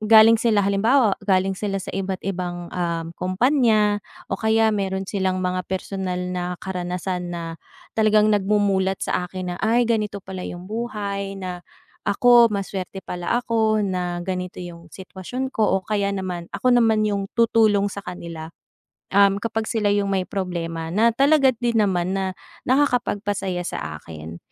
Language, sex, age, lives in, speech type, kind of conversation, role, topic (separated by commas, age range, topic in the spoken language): Filipino, female, 30-34, Philippines, conversation, unstructured, none, Mas gusto mo bang lagi kang may pagkakataong makakilala ng bagong kaibigan o lagi kang may pagkakataong makasama ang matagal mo nang kaibigan?